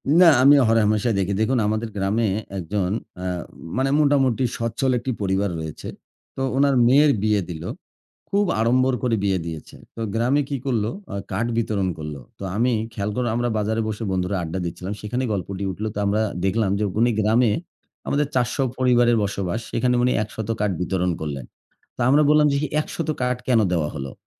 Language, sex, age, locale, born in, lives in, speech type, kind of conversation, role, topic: Bengali, male, 40-44, bn-BD, Bangladesh, Bangladesh, conversation, unstructured, none, টাকা পেলে মানুষ কি সত্যিই বদলে যায়?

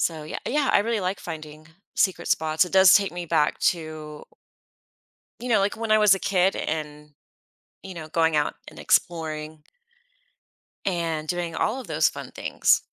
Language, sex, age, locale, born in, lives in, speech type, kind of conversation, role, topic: English, female, 40-44, en-US, United States, United States, conversation, advice, user, How can I be more present and appreciate nature on my quiet walks?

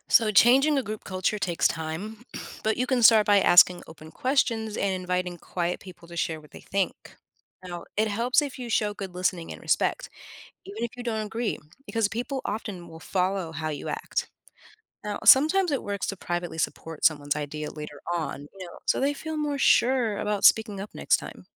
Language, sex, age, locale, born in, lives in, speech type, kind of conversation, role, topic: English, female, 30-34, en-US, United States, United States, read, expert-assistant, expert, Why is it important to respect other people's opinions?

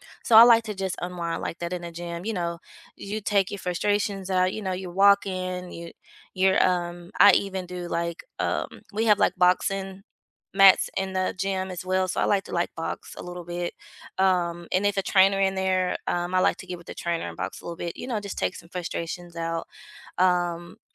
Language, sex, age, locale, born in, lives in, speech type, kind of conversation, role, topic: English, female, 35-39, en-US, United States, United States, conversation, unstructured, none, What are your favorite ways to unwind after a busy week?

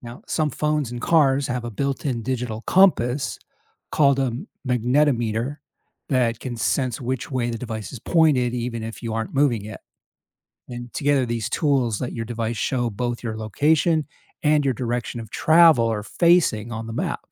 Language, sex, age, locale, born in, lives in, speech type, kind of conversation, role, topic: English, male, 55-59, en-US, United States, United States, read, expert-assistant, expert, How does GPS determine and track your location and direction?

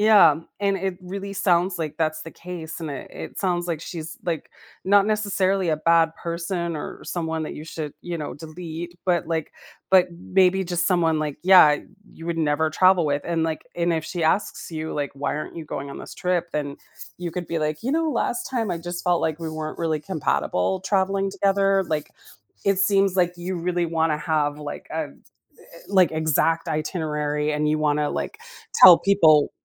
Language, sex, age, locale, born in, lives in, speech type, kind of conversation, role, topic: English, female, 45-49, en-US, United States, United States, conversation, advice, advisor, How do I handle a falling-out with a close friend?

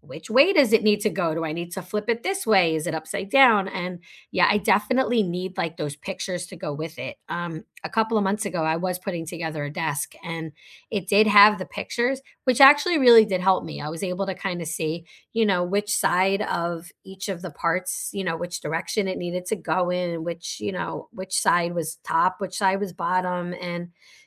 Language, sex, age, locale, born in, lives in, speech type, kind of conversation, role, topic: English, female, 45-49, en-US, United States, United States, conversation, unstructured, none, How do you learn best—by reading, watching, or doing?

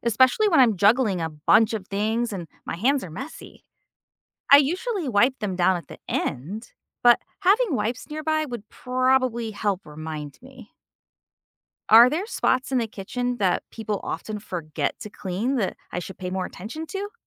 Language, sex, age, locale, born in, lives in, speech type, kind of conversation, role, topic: English, female, 45-49, en-US, United States, United States, read, expert-assistant, asker, What is cross-contamination in kitchen food safety?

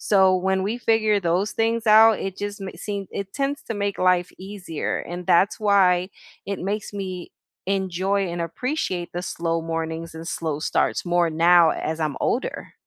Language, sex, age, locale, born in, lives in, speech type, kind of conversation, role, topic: English, female, 40-44, en-US, United States, United States, conversation, unstructured, none, What does a perfect slow morning look like for you?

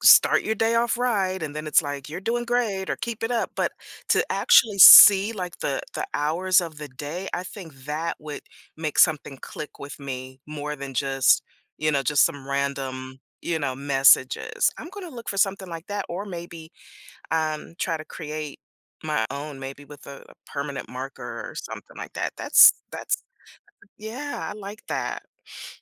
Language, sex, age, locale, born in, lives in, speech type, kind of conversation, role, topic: English, female, 50-54, en-US, United States, United States, conversation, podcast, guest, How do your daily routines support your overall well-being?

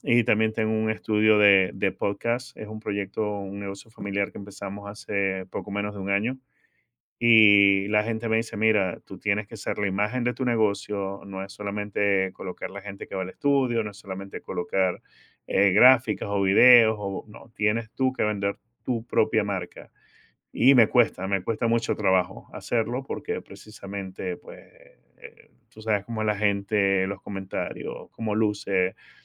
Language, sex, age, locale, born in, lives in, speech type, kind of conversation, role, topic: Spanish, male, 50-54, es-VE, Venezuela, United States, conversation, advice, user, ¿De qué manera dejas pasar oportunidades por miedo a que te juzguen en redes sociales?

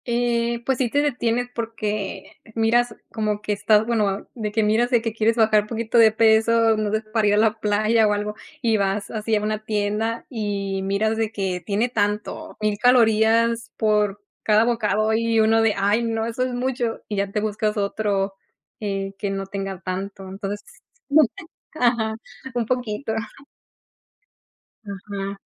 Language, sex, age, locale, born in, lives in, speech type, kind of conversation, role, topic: Spanish, female, 30-34, es-MX, Mexico, United States, conversation, unstructured, none, ¿Deberían imponer más impuestos a los alimentos con alto contenido de azúcar o grasa?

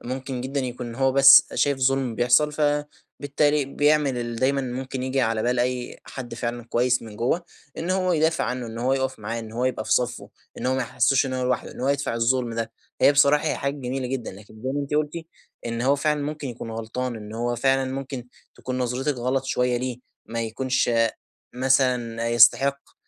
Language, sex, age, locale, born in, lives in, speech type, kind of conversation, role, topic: Arabic, male, 20-24, ar-EG, Egypt, Egypt, conversation, advice, advisor, إزاي أبني مرونة شخصية تخلّيني أكمل في عاداتي لما تظهر عقبات أو مشتتات؟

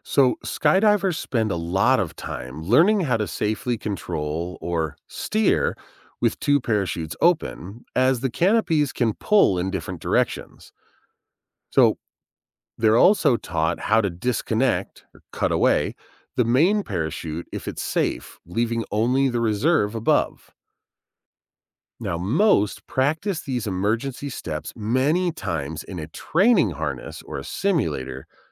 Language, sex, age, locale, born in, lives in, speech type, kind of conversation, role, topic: English, male, 40-44, en-US, United States, United States, read, expert-assistant, expert, How does a parachute slow a fall?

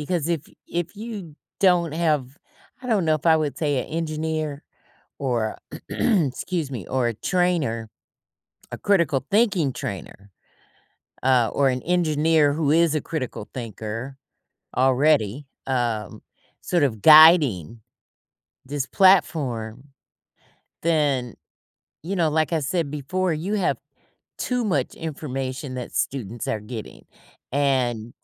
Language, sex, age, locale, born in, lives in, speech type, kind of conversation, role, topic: English, female, 55-59, en-US, United States, United States, conversation, podcast, host, How is technology shaping the future of education for everyone?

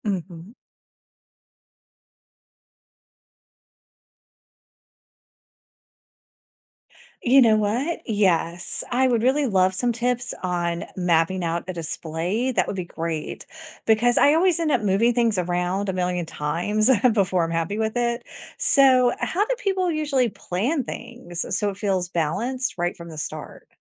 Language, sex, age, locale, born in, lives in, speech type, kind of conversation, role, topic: English, female, 45-49, en-US, United States, United States, read, expert-assistant, asker, How can you organize and display a home collection so it looks intentional and doesn’t take over the room?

